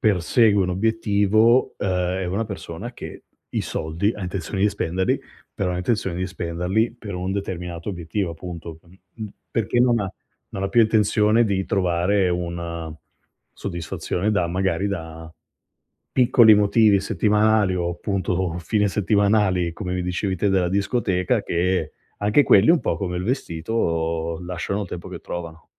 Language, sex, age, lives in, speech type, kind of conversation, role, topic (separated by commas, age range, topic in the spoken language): Italian, male, 35-39, Italy, conversation, advice, advisor, Come posso evitare di fare spese per via della pressione sociale e del consumismo?